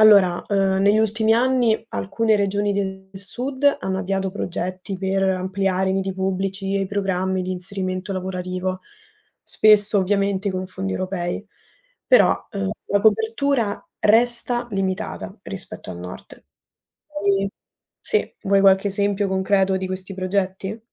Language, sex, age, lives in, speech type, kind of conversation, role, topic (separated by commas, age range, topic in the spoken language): Italian, female, 20-24, Italy, read, expert-assistant, expert, In Italia è possibile cambiare il proprio destino sociale se si nasce svantaggiati?